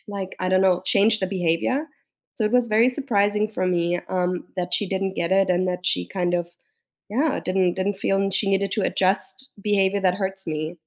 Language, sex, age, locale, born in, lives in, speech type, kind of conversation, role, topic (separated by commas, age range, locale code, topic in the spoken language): English, female, 35-39, en-DE, Germany, United States, conversation, unstructured, none, What is the best way to handle a disagreement with a close friend?